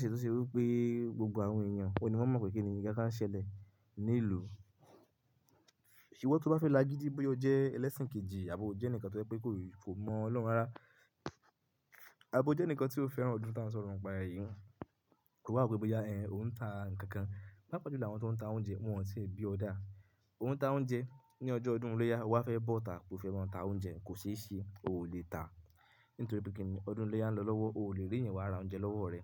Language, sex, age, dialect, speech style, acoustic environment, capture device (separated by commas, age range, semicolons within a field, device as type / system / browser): Yoruba, male, 25-34, Nigeria - Ibadan, focused, silence, mobile / Linux / Chrome